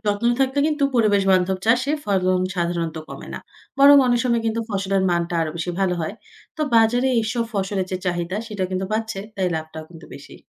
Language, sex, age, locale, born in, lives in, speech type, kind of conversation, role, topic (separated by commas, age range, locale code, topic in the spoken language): Bengali, female, 25-29, bn-BD, Bangladesh, Finland, read, expert-assistant, expert, আমরা কীভাবে সহজ কিছু পরিবর্তন এনে চাষবাসকে আরও টেকসই করতে পারি?